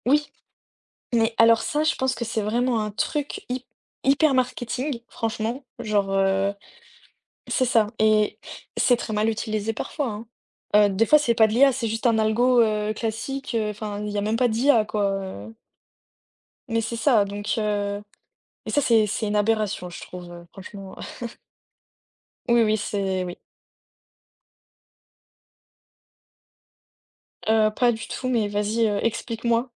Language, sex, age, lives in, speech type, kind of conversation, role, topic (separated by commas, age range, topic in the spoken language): French, female, 20-24, France, conversation, unstructured, none, Quel rôle l’intelligence artificielle joue-t-elle dans vos choix de consommation ?